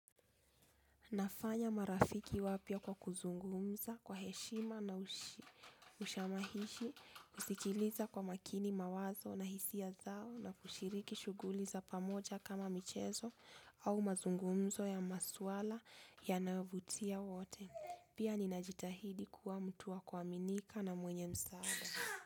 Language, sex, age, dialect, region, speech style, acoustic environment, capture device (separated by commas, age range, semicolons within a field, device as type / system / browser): Swahili, female, 25-34, Kenya - Nairobi Sheng-influenced, Kenya, focused, silence, desktop / Linux / Chrome